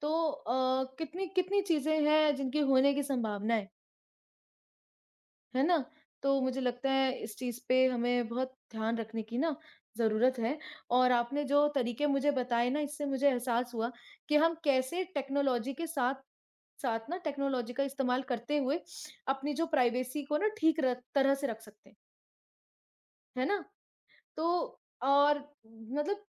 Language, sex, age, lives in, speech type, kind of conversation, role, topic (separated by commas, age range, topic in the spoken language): Hindi, female, 25-29, India, conversation, unstructured, none, क्या हमें अपनी निजता की रक्षा के लिए तकनीक से डरना चाहिए?